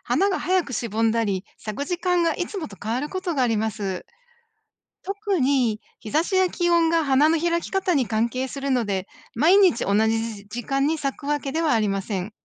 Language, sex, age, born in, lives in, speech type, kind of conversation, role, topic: Japanese, female, 55-59, Japan, United States, read, expert-assistant, expert, なぜアサガオは朝に花を咲かせるのか説明してください。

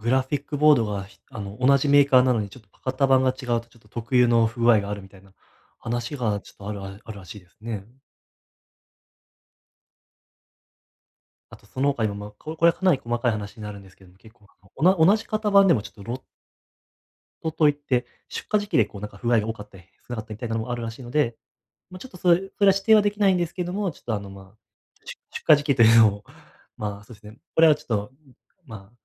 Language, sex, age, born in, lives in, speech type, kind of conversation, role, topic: Japanese, male, 20-24, Japan, Japan, conversation, advice, advisor, 買い物で商品が多すぎて選べないとき、どうやって決めればいいですか？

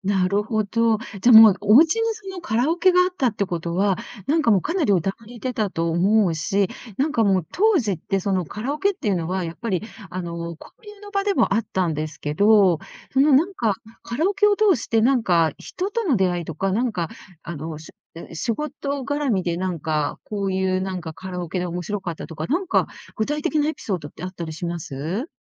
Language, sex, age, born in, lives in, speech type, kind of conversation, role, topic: Japanese, female, 60-64, Japan, Japan, conversation, podcast, host, あなたにとっての青春の一曲は何ですか？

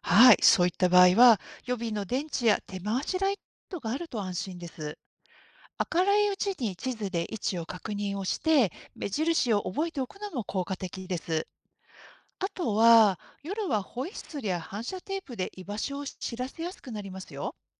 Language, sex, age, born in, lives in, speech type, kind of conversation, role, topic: Japanese, female, 50-54, Japan, Japan, read, expert-assistant, expert, ハイキングやキャンプをもっと楽しむために、どんな力を伸ばせばいいですか？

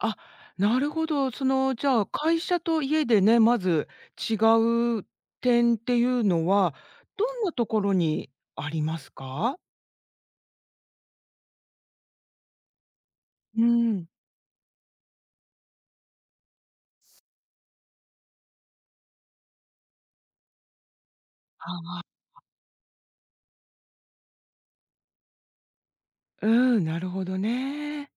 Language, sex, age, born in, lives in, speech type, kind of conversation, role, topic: Japanese, female, 50-54, Japan, United States, conversation, podcast, host, 集中力が切れたとき、どうやって集中を取り戻せばいいですか？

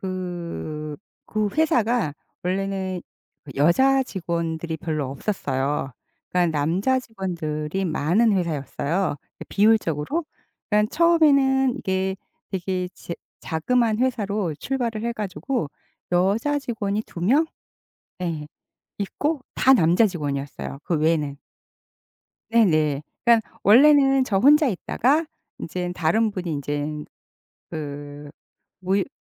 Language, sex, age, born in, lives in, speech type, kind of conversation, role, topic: Korean, female, 55-59, South Korea, United States, conversation, podcast, guest, 가짜 친밀감과 진짜 친밀감은 어떻게 구별하나요?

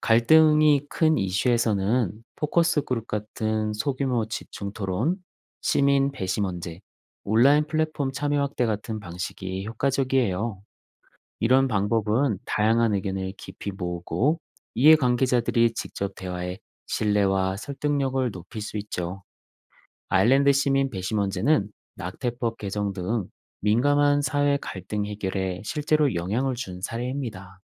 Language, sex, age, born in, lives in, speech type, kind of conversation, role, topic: Korean, male, 40-44, South Korea, Germany, read, expert-assistant, expert, 왜 어떤 국가들은 자유무역을 선호하고, 다른 국가들은 보호무역을 선택할까요?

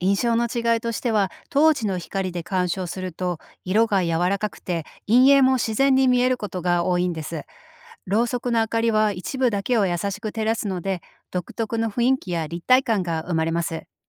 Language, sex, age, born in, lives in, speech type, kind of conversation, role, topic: Japanese, female, 45-49, Japan, United States, read, expert-assistant, expert, 絵画や彫刻を実際に見ることと写真で見ること、それぞれの良さや違いは何だと思いますか？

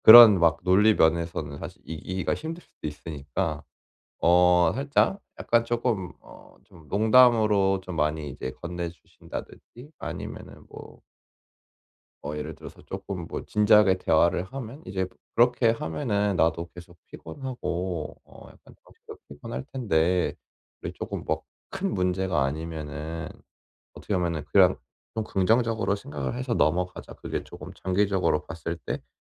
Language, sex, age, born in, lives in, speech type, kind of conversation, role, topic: Korean, male, 25-29, South Korea, South Korea, conversation, advice, advisor, 남들 앞에서 비판을 받을 때 어떻게 침착하게 대응하면 좋을까요?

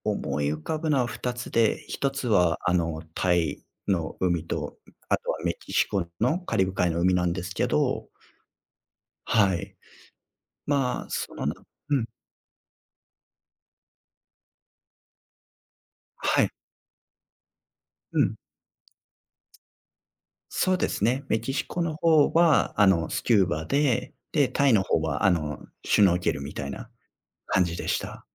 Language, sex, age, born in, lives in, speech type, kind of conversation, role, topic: Japanese, male, 35-39, Japan, Japan, conversation, podcast, guest, 自然の中で、自分が小さい存在だと感じたことはありますか？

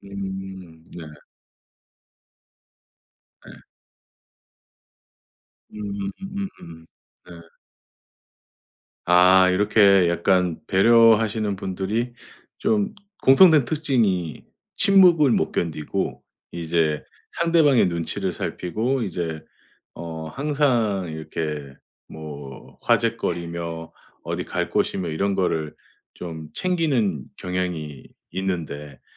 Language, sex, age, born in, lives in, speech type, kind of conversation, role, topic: Korean, male, 45-49, South Korea, United States, conversation, podcast, host, 여행 중 스스로를 재발견한 순간이 있었나요?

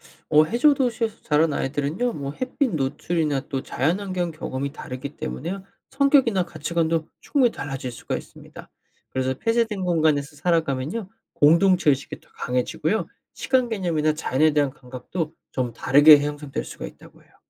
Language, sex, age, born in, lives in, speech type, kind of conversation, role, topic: Korean, male, 25-29, South Korea, United States, read, expert-assistant, expert, 만약 인간이 바다 밑 깊은 곳에 영구적인 도시를 건설한다면 우리의 생활과 사회는 어떻게 달라질까요?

